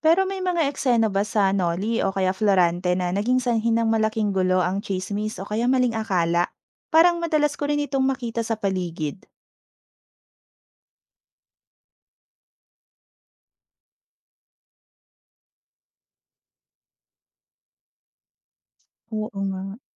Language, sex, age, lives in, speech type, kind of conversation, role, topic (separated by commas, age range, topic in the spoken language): Filipino, female, 30-34, Philippines, read, expert-assistant, asker, May mga sitwasyon ba sa klasikong literatura na parang nangyayari pa rin sa kasalukuyang lipunan? Anong mga halimbawa nito?